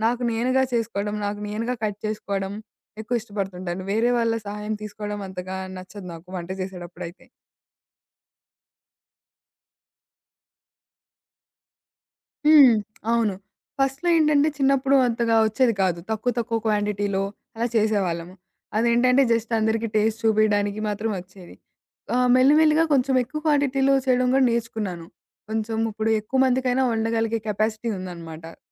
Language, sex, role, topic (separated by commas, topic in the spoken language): Telugu, female, guest, కష్ట సమయంలో సరళమైన వంటలు మీకు ఎలా ఉపశమనం ఇస్తాయి?